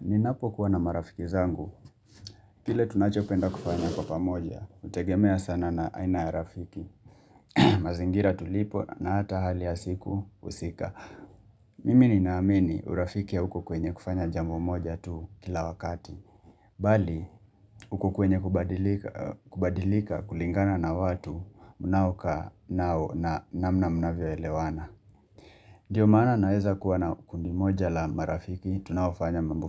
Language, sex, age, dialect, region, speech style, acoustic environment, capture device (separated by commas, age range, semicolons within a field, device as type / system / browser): Swahili, male, 25-34, Kenya - Mombasa, Kenya, relaxed, construction, mobile / Linux / Chrome